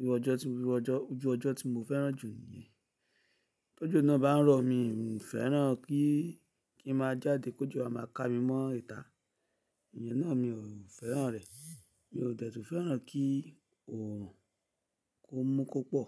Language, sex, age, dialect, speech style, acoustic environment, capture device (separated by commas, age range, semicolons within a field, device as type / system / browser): Yoruba, male, 35-44, Nigeria - Lagos Yoruba, focused; happy, silence, mobile / Linux / Chrome